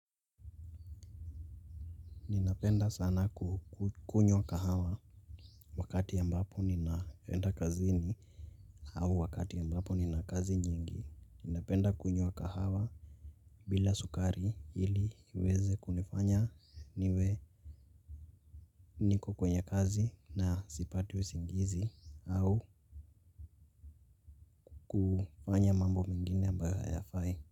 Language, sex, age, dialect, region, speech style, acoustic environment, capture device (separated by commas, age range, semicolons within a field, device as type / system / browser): Swahili, male, 18-24, Kenya - Nairobi Sheng-influenced, Kenya, focused; relaxed, nature, mobile / Linux / Chrome